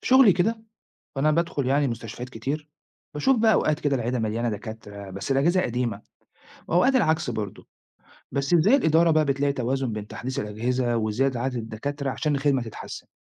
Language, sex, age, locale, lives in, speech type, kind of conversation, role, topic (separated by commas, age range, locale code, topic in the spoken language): Arabic, male, 40-44, ar-EG, Italy, read, expert-assistant, asker, لو كان لازم تختار بين زيادة عدد الأطباء ولا تحسين الأجهزة في المستشفى، هتختار إيه وليه؟